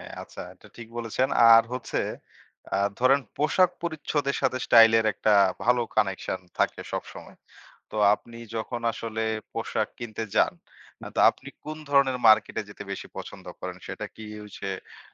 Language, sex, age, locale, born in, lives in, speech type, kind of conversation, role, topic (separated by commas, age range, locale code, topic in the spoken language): Bengali, male, 25-29, bn-BD, Bangladesh, Bangladesh, conversation, podcast, host, তুমি বাজেটের মধ্যে থেকে কীভাবে নিজের স্টাইল ঠিক করো?